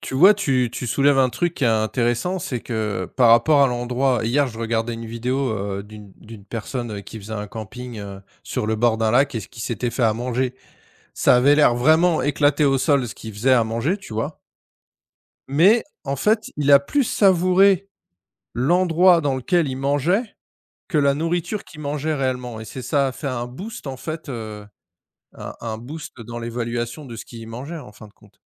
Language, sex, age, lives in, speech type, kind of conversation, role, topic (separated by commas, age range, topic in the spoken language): French, male, 45-49, France, conversation, unstructured, none, Qu’est-ce que tu aimes dans les repas partagés avec d’autres personnes ?